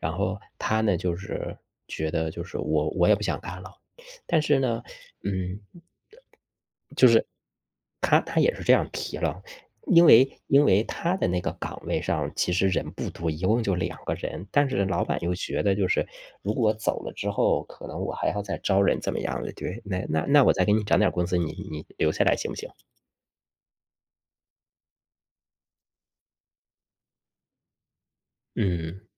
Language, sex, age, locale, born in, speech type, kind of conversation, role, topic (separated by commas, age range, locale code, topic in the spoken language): Chinese, male, 35-39, zh-CN, China, conversation, unstructured, none, 如果工资和工作量不匹配，你会选择忍受吗？